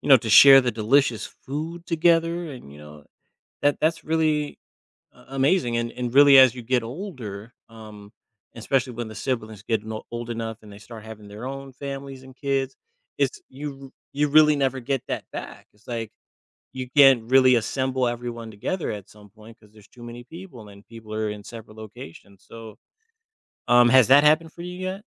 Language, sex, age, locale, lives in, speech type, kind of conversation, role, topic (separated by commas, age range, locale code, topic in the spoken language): English, male, 35-39, en-US, United States, conversation, advice, advisor, How can I adapt family traditions?